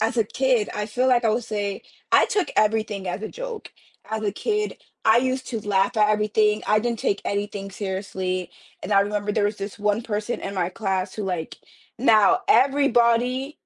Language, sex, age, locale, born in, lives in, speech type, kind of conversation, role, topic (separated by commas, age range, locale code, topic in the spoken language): English, female, 20-24, en-US, United States, United States, conversation, podcast, guest, How have your childhood experiences shaped the person you are today?